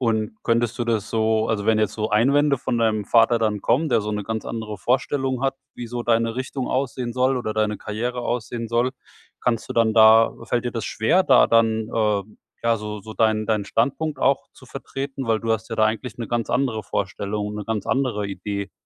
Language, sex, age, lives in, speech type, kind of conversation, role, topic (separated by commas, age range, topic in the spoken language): German, male, 45-49, Germany, conversation, advice, advisor, Wie kann ich dem Druck durch soziale Erwartungen standhalten, ohne meine Freunde zu verlieren?